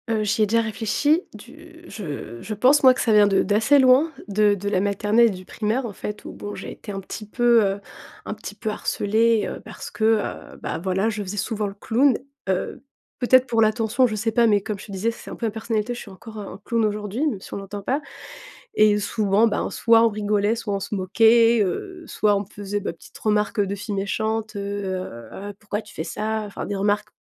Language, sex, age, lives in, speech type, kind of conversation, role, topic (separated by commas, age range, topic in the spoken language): French, female, 30-34, France, conversation, advice, user, Comment puis-je avoir plus confiance en moi pour être moi-même ?